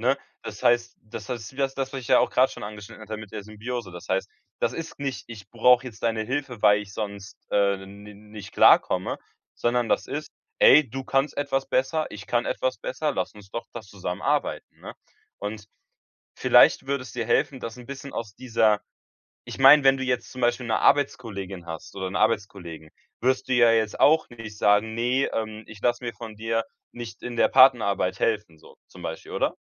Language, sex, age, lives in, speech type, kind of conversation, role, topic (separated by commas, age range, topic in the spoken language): German, male, 18-19, Germany, conversation, advice, advisor, Wie kann ich um Hilfe bitten, ohne mich zu schämen?